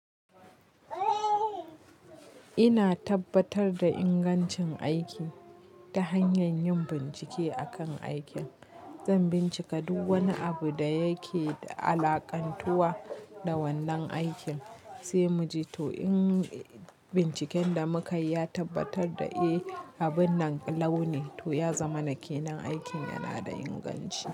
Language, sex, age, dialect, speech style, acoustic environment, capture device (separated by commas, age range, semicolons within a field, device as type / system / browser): Hausa, female, 25-34, Nigeria - Kano, relaxed, people talking; nature, mobile / Linux / Chrome